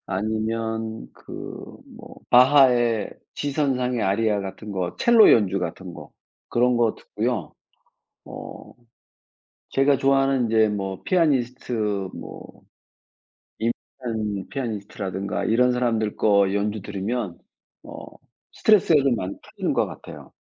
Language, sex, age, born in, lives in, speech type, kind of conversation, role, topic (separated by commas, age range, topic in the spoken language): Korean, male, 55-59, South Korea, France, conversation, unstructured, none, 휴일에는 보통 무엇을 하며 시간을 보내시나요?